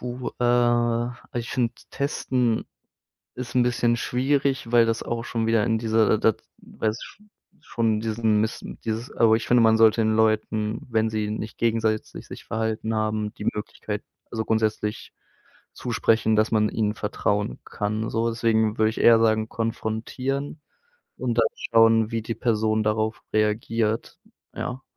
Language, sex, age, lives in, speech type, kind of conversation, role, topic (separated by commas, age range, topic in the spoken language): German, male, 25-29, Germany, conversation, podcast, guest, Wie erkennst du, ob Vertrauen echt oder gespielt ist?